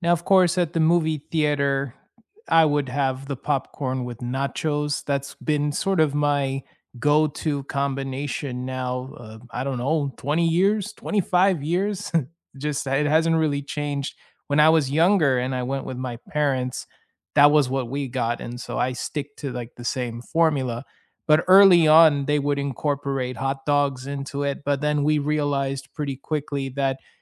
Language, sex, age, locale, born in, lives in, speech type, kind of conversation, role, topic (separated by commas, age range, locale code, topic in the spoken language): English, male, 30-34, en-US, United States, United States, conversation, unstructured, none, What does your ideal movie night setup look like, from snacks to screens to company?